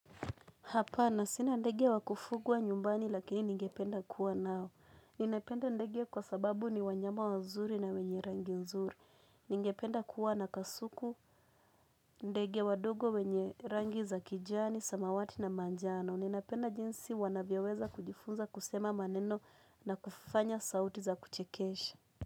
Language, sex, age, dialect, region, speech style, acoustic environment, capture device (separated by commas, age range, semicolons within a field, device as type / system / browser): Swahili, female, 18-24, Kenya - Mombasa, Kenya, relaxed; nervous; focused, people talking; music; nature; appliances; silence, mobile / Linux / Chrome